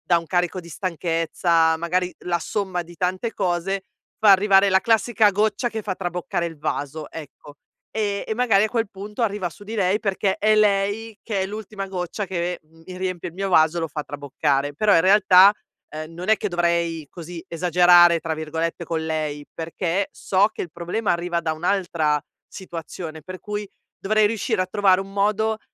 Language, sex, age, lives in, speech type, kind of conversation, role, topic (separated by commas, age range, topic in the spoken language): Italian, female, 40-44, Italy, conversation, advice, user, Come posso gestire le mie emozioni durante una conversazione difficile senza reagire impulsivamente?